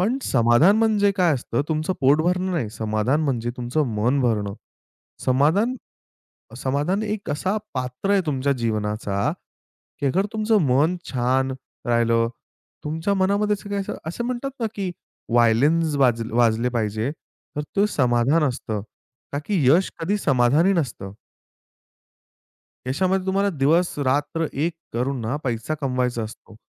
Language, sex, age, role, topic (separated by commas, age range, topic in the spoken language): Marathi, male, 25-29, guest, यश आणि समाधानीपणा हे एकमेकांपासून वेगळे असू शकतात का?